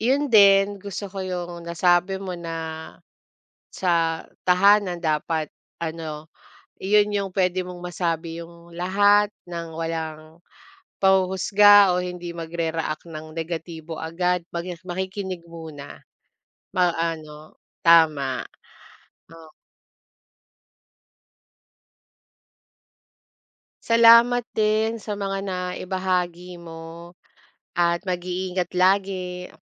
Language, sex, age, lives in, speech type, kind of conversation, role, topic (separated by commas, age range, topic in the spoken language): Filipino, female, 35-39, Philippines, conversation, unstructured, none, Paano mo ginagamit ang pakikipag-usap para mapabuti ang relasyon ninyo sa pamilya?